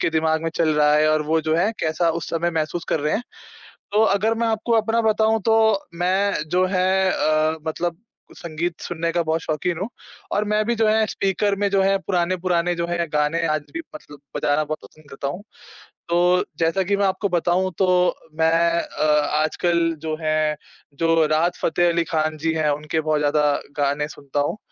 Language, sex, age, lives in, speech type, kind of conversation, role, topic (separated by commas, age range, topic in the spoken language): Hindi, male, 20-24, India, conversation, unstructured, none, कौन सा पुराना गाना सुनकर आपकी पुरानी यादें ताज़ा हो जाती हैं?